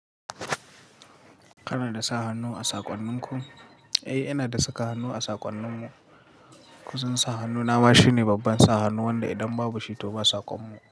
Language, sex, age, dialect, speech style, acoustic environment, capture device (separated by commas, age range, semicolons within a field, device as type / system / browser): Hausa, male, 18-24, Nigeria - Katsina, happy; relaxed, people talking; silence, mobile / Linux / Chrome